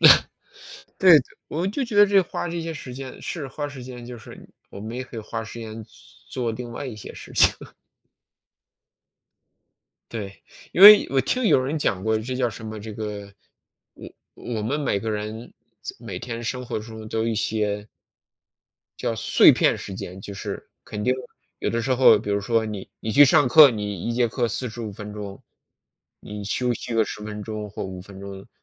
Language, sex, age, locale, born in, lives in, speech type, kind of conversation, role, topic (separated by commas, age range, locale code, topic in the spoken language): Chinese, male, 40-44, zh-CN, China, United States, conversation, unstructured, none, 你觉得智能手机让生活变得更好吗？